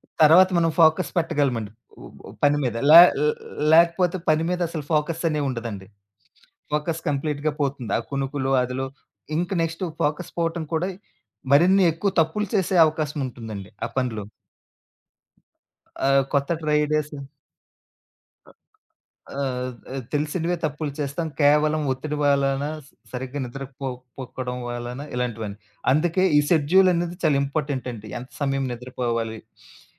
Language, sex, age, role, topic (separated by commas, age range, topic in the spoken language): Telugu, male, 35-39, guest, ఫోకస్ కోల్పోయినప్పుడు దృష్టిని తిరిగి ఎలా తెచ్చుకుంటారు?